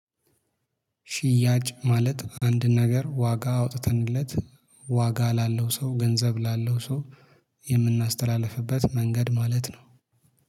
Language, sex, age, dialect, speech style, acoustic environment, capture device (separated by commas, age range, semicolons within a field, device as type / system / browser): Amharic, male, 25-34, Ethiopia - Addis Ababa, happy; focused, appliances, mobile / Linux / Chrome